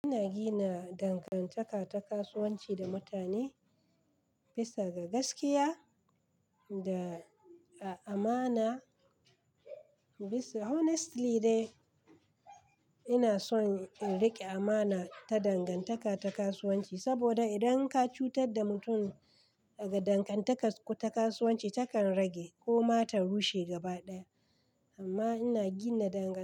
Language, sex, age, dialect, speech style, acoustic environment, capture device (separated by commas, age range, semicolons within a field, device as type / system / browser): Hausa, female, 35-44, Nigeria - Kano, anxious; relaxed, construction, desktop / Linux / Chrome